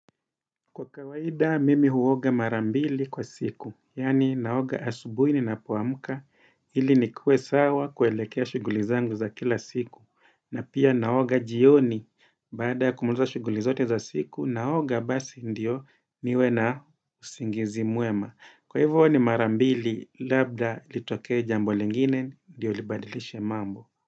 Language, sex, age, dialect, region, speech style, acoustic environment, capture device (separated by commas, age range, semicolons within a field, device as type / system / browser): Swahili, male, 35-44, Kenya - Mombasa, Kenya, happy; relaxed; focused, nature; silence, desktop / Windows / Edge